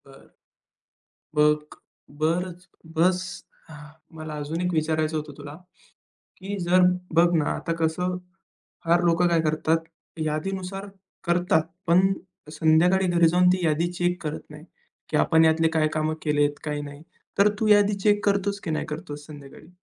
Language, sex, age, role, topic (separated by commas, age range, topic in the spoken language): Marathi, male, 20-24, host, तुम्ही कामांची यादी कशी तयार करता आणि ती पद्धत का निवडता?